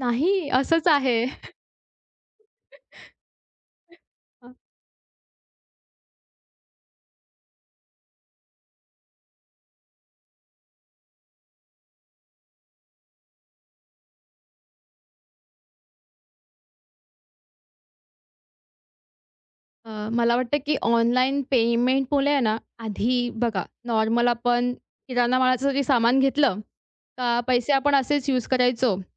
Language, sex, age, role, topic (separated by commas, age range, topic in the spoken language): Marathi, female, 20-24, guest, ऑनलाइन पेमेंटमुळे तुमच्या दैनंदिन जीवनात कोणते बदल झाले?